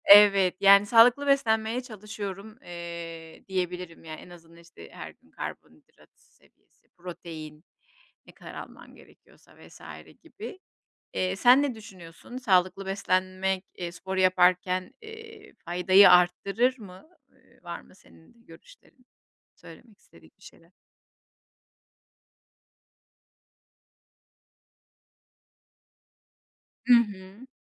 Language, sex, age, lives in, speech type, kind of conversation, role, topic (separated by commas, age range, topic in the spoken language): Turkish, female, 40-44, Spain, conversation, unstructured, none, Sağlıklı kalmak için günlük yaşamında neler yapıyorsun?